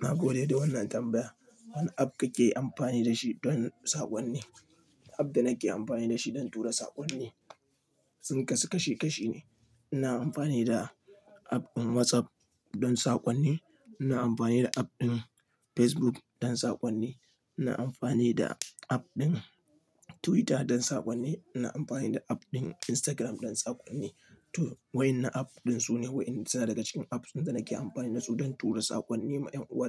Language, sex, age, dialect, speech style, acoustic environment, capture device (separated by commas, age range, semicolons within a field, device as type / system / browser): Hausa, male, 25-34, Nigeria - Nigerian Standard, happy; relaxed, nature, mobile / Linux / Chrome